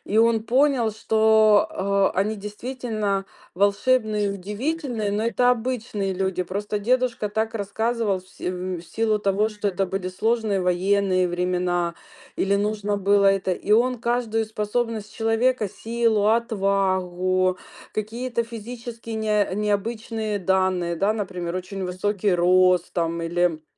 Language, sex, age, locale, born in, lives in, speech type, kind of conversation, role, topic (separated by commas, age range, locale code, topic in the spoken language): Russian, female, 45-49, ru-RU, Ukraine, Spain, conversation, unstructured, none, Какой фильм или сериал ты можешь пересматривать снова и снова?